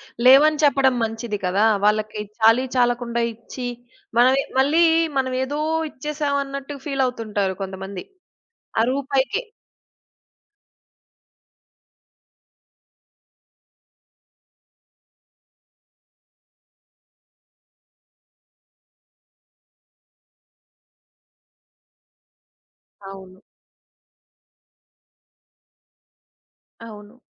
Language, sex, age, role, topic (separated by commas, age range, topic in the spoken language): Telugu, female, 30-34, host, ఎవరో చేసిన ఒక చిన్న దయ మీపై తీవ్ర ప్రభావం చూపిందో గుర్తుందా?